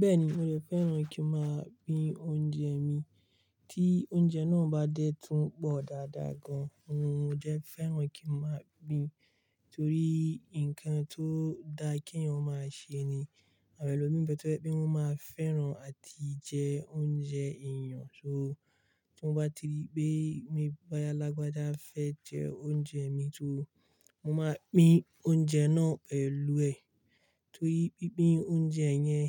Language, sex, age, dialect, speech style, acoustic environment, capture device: Yoruba, male, 25-34, Nigeria - Kano, relaxed, silence, mobile / Linux / Chrome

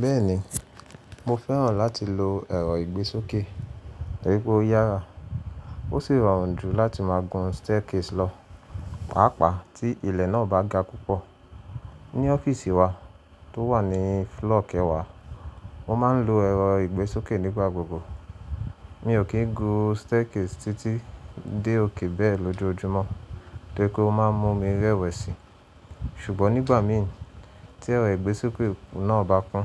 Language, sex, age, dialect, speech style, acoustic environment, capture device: Yoruba, male, 25-34, Nigeria - Nigerian Standard, relaxed, silence; nature, mobile / Linux / Chrome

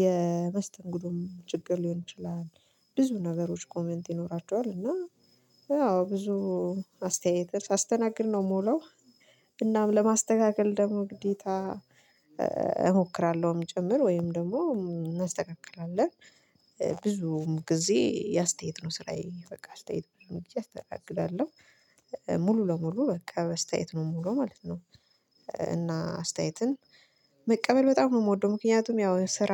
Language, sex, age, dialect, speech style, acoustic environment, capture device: Amharic, female, 25-34, Ethiopia - Addis Ababa, relaxed; focused, silence, mobile / Linux / Chrome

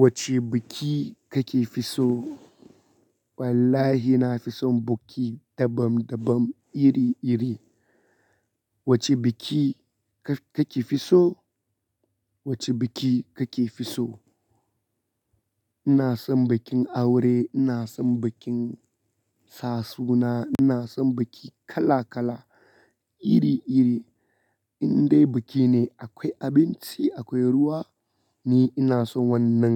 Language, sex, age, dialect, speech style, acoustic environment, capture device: Hausa, male, 25-34, Nigeria - Kano, relaxed, silence, mobile / Linux / Chrome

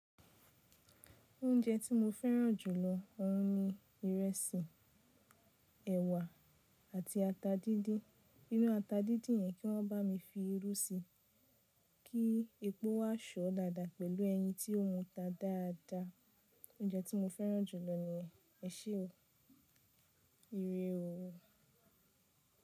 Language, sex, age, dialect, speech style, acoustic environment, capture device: Yoruba, female, 25-34, Nigeria - Nigerian Standard, relaxed, nature, mobile / Linux / Chrome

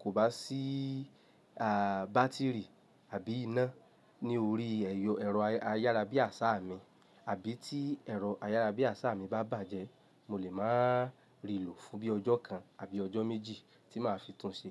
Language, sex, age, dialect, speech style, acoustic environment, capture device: Yoruba, male, 25-34, Nigeria - Lagos Yoruba, happy; relaxed; excited, silence, mobile / Linux / Chrome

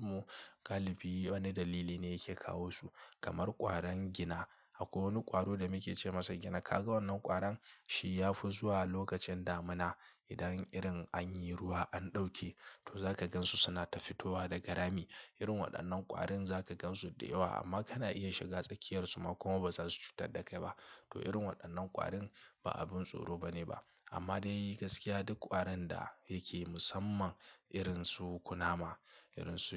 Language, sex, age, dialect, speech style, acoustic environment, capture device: Hausa, male, 25-34, Nigeria - Kano, relaxed; stressed, silence, mobile / Linux / Chrome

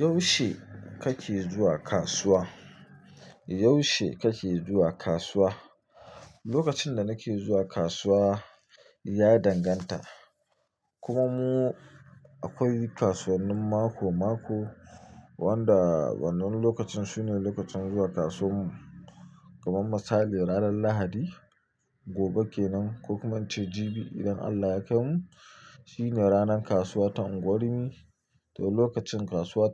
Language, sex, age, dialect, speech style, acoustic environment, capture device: Hausa, male, 25-34, Nigeria - Nigerian Standard, happy, nature; silence, mobile / Linux / Chrome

